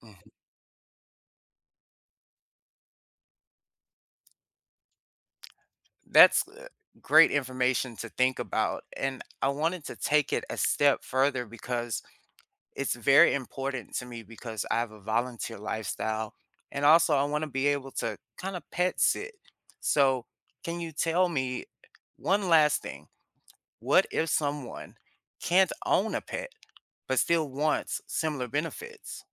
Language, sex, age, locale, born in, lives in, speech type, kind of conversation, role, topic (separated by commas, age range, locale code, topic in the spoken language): English, male, 30-34, en-US, United States, United States, read, expert-assistant, asker, What are the benefits of having pets for emotional well-being?